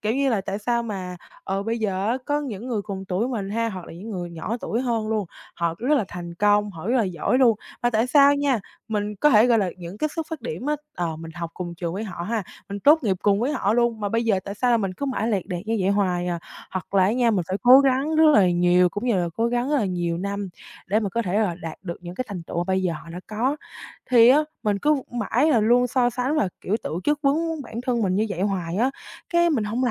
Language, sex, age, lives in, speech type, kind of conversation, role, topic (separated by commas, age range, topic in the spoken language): Vietnamese, female, 18-19, Vietnam, conversation, advice, user, Vì sao bạn luôn tự chất vấn và so sánh bản thân?